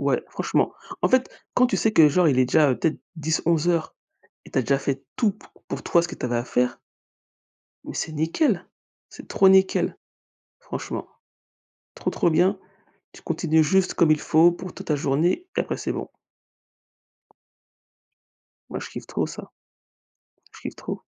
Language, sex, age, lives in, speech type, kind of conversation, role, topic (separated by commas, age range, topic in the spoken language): French, female, 40-44, United States, conversation, unstructured, none, Qu’est-ce qui rend ta routine quotidienne agréable ?